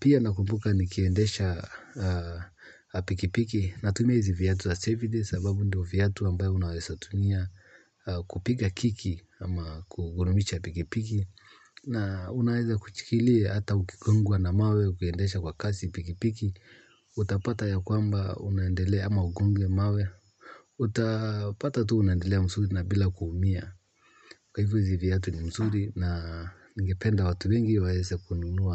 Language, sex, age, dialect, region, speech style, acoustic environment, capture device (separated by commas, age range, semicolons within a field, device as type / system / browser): Swahili, male, 35-44, Kenya - Nairobi Sheng-influenced, Kenya, happy; focused, silence, mobile / Linux / Chrome